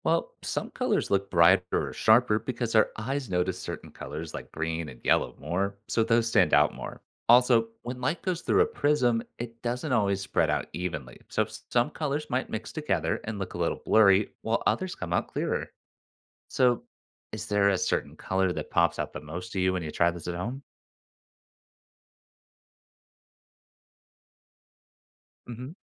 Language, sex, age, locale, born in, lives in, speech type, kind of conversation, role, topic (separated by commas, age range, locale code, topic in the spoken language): English, male, 25-29, en-US, United States, United States, read, expert-assistant, expert, How does a prism create a rainbow from white light?